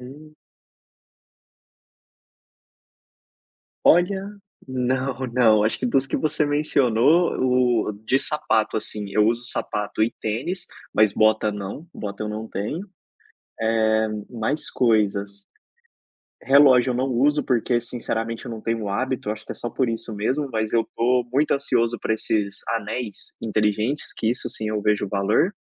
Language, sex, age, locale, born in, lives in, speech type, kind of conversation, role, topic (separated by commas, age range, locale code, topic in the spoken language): Portuguese, male, 30-34, pt-BR, Brazil, Spain, conversation, podcast, guest, Como o seu jeito de se vestir conta a sua história?